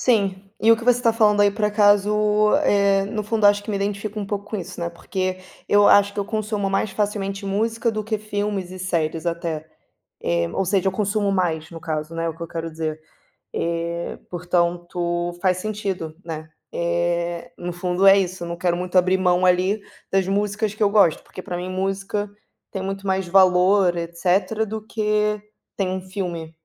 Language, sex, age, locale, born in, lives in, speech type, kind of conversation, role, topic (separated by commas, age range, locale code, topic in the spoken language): Portuguese, female, 25-29, pt-BR, Brazil, Portugal, conversation, unstructured, none, Quando um artista comete erros graves, devemos continuar consumindo a sua obra?